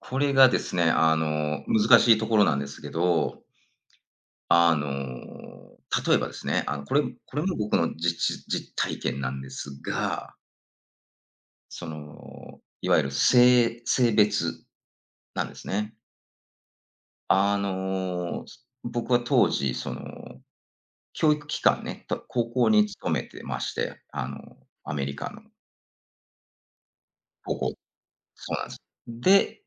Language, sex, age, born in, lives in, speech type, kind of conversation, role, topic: Japanese, male, 45-49, Japan, United States, conversation, podcast, guest, 多様性の表現は最近どのように変わってきましたか？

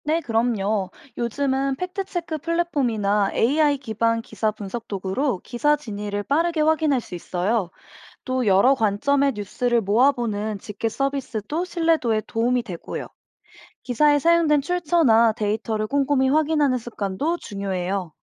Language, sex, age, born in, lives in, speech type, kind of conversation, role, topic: Korean, female, 25-29, South Korea, United States, read, expert-assistant, expert, 요즘 뉴스의 신뢰도를 높일 수 있는 새로운 방법에는 뭐가 있을까요?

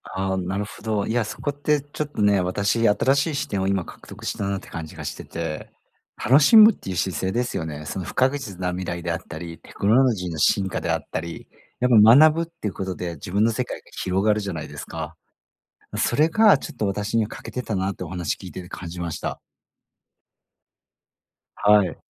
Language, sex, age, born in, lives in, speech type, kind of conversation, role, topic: Japanese, male, 40-44, Japan, Japan, conversation, advice, user, 将来が不確かで不安なとき、どのように向き合えばよいですか？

